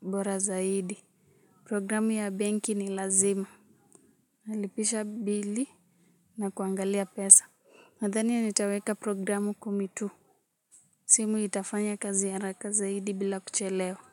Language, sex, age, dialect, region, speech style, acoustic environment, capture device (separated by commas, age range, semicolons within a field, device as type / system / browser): Swahili, female, 18-24, Kenya - Nairobi Sheng-influenced, Kenya, relaxed; happy; excited; focused, music; nature; appliances, mobile / Linux / Chrome